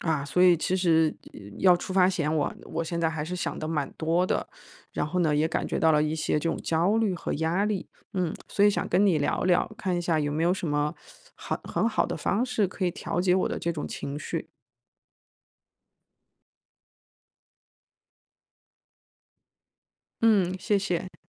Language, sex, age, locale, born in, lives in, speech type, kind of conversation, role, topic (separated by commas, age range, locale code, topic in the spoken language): Chinese, female, 35-39, zh-CN, China, United States, conversation, advice, user, 旅行时我如何更好地减轻压力和焦虑？